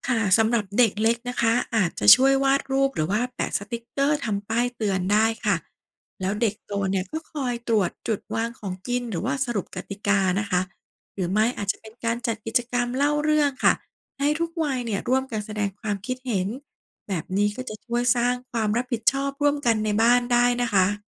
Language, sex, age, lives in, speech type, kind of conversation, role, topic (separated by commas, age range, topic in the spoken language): Thai, female, 40-44, Thailand, read, expert-assistant, expert, จะตั้งระบบง่ายๆ ในบ้านเพื่อป้องกันอาหารปนเปือนได้อย่างไร?